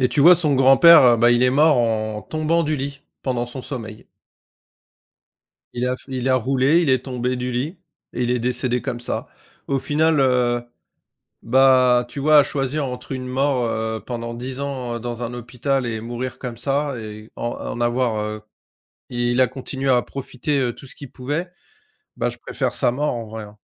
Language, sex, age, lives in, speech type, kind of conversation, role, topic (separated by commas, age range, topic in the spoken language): French, male, 45-49, France, conversation, unstructured, none, Penses-tu que parler de la mort peut aider à mieux vivre ?